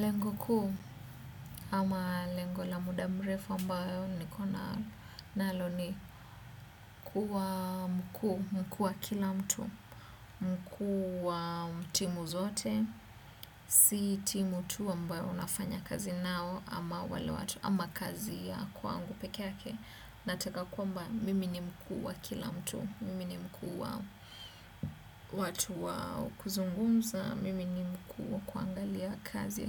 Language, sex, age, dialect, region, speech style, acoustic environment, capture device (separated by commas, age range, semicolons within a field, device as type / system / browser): Swahili, female, 25-34, Kenya - Nairobi Sheng-influenced, Kenya, nervous; excited; focused, appliances; silence, desktop / Windows / Chrome